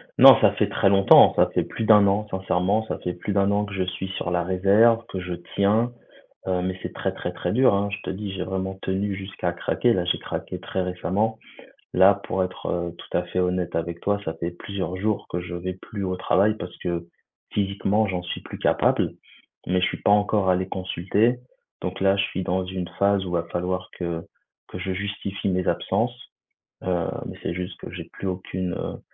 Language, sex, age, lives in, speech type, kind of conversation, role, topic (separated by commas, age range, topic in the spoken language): French, male, 35-39, France, conversation, advice, user, Pourquoi est-ce que je me sens coupable de prendre un arrêt maladie pour un burn-out ?